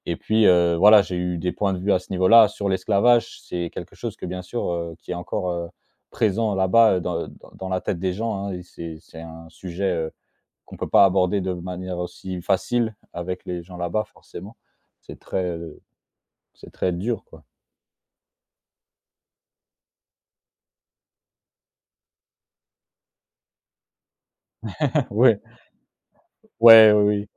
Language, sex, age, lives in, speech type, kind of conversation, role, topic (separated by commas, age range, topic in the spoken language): French, male, 25-29, France, conversation, podcast, guest, Quelle découverte imprévue a bouleversé ton itinéraire de voyage ?